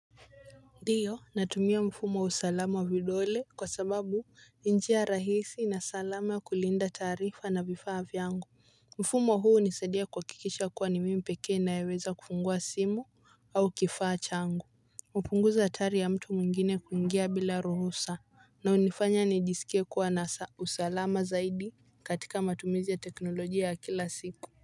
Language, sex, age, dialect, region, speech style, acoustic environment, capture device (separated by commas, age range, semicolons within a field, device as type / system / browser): Swahili, female, 18-24, Kenya - Mombasa, Kenya, relaxed, people talking, mobile / Linux / Chrome